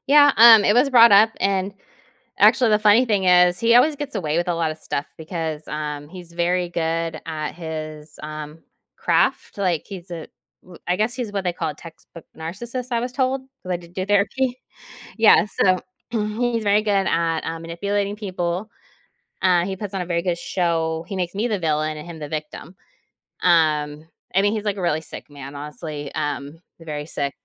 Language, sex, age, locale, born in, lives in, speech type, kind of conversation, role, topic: English, female, 40-44, en-US, United States, United States, conversation, advice, user, How can I cope with and respond to a friend's betrayal?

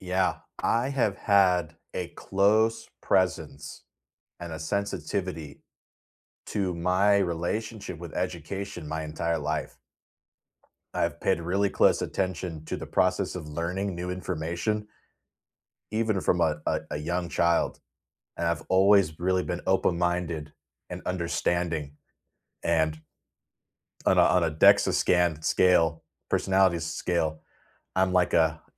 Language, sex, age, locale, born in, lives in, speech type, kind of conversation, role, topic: English, male, 30-34, en-US, United States, United States, conversation, unstructured, none, What would you include in your dream classroom, and why would those choices matter to you?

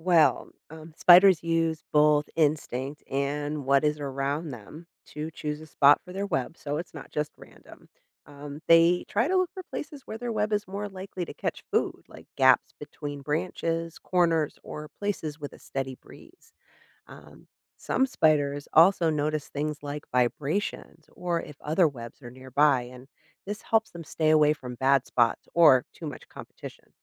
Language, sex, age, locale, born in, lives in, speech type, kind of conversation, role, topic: English, female, 40-44, en-US, United States, United States, read, expert-assistant, expert, How do spiders build and maintain their webs?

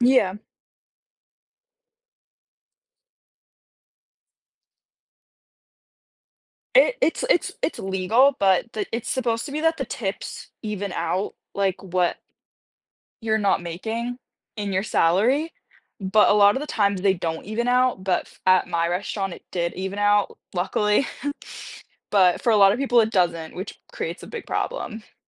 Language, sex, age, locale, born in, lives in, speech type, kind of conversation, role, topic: English, female, 18-19, en-US, United States, United States, conversation, unstructured, none, Would you rather prioritize work-life balance or career advancement?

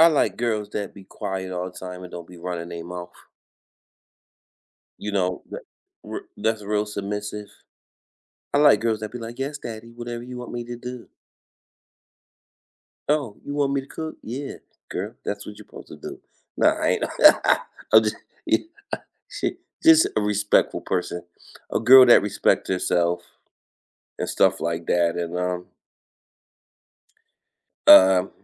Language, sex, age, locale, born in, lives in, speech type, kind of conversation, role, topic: English, male, 45-49, en-US, United States, United States, conversation, unstructured, none, What surprises you most about being in a relationship?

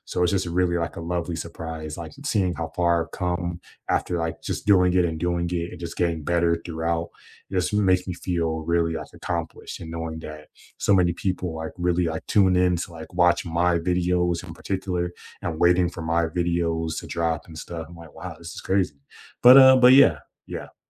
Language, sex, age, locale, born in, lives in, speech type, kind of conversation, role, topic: English, male, 25-29, en-US, United States, United States, conversation, unstructured, none, What was the last hobby you tried, and how did it surprise you?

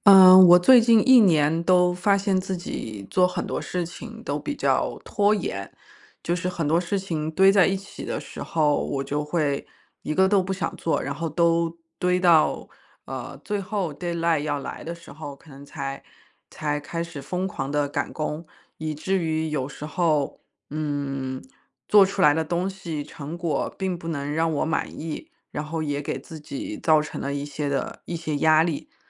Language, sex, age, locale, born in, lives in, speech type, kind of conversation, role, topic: Chinese, female, 35-39, zh-CN, China, United States, conversation, advice, user, 持续性拖延是如何在你的工作和生活中形成压力循环的？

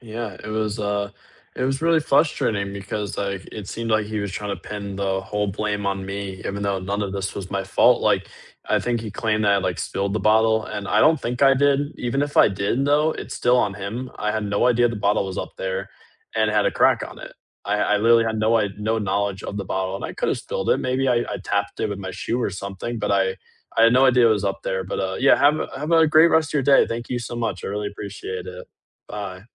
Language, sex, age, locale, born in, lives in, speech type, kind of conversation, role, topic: English, male, 18-19, en-US, United States, United States, conversation, unstructured, none, How do you deal with someone who refuses to apologize?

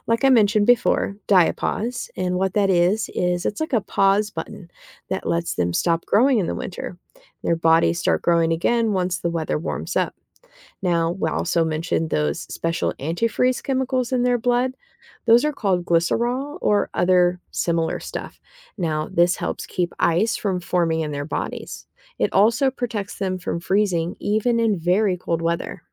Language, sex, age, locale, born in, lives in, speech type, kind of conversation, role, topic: English, female, 35-39, en-US, United States, United States, read, expert-assistant, expert, What is the process of metamorphosis in insects like butterflies?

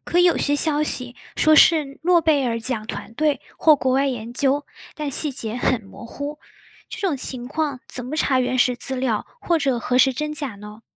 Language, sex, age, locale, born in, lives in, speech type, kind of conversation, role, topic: Chinese, female, 20-24, zh-CN, China, United States, read, expert-assistant, asker, 有时候听到热门医学专科的“新发现”，我们应该如何查证这些信息是否准确？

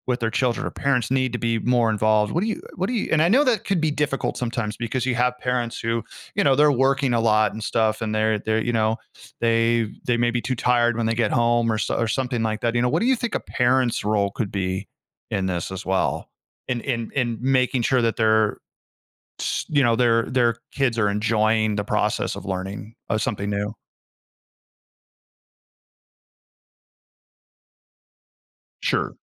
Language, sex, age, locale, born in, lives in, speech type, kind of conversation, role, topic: English, male, 40-44, en-US, United States, United States, conversation, podcast, host, What helps students develop a lasting interest in learning?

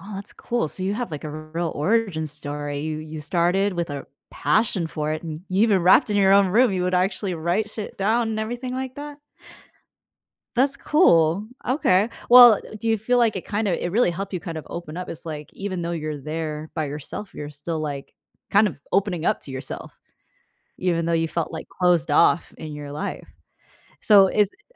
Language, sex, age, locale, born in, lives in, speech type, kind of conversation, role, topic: English, female, 25-29, en-US, United States, United States, conversation, podcast, host, Why do you think music is such a powerful source of comfort during difficult times?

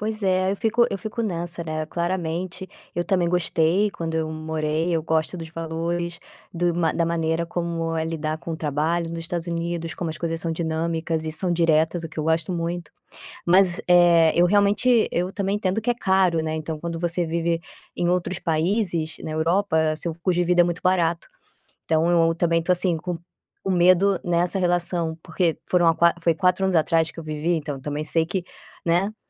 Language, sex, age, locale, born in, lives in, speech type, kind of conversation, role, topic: Portuguese, female, 30-34, pt-BR, Brazil, Spain, conversation, advice, user, Como posso encontrar propósito depois de uma mudança inesperada?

